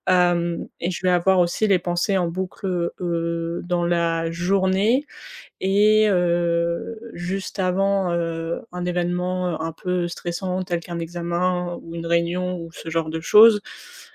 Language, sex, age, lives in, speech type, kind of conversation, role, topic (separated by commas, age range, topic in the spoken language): French, female, 20-24, France, conversation, advice, user, Comment puis-je accepter mon anxiété comme une réaction naturelle sans me sentir coupable ou vulnérable ?